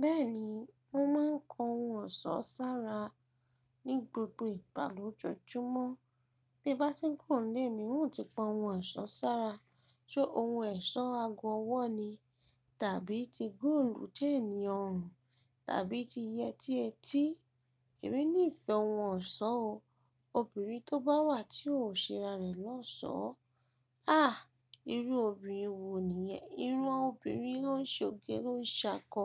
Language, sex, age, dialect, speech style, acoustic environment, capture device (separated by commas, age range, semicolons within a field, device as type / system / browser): Yoruba, female, 25-34, Nigeria - Ibadan, relaxed, nature, mobile / Linux / Chrome